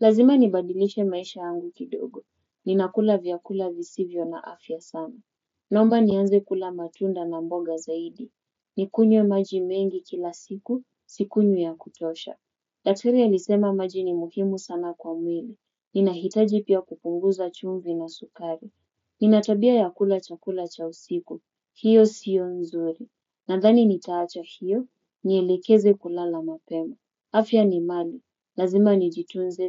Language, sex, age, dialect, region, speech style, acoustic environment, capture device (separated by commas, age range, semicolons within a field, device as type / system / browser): Swahili, female, 25-34, Kenya - Mombasa, Kenya, focused, silence, desktop / Windows / Chrome